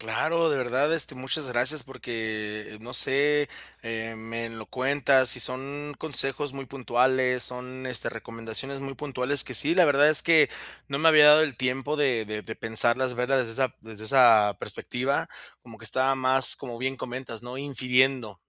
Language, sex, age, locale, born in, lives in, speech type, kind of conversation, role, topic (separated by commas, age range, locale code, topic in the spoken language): Spanish, male, 30-34, es-MX, Mexico, Mexico, conversation, advice, user, ¿Por qué me comparo con otras personas en mi relación?